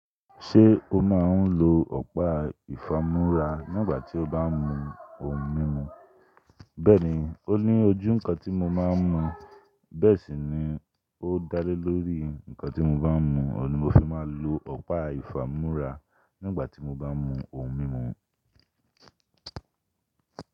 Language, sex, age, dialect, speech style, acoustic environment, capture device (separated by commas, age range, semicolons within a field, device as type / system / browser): Yoruba, male, 25-34, Nigeria - Lagos, relaxed, nature, desktop / Linux / Chrome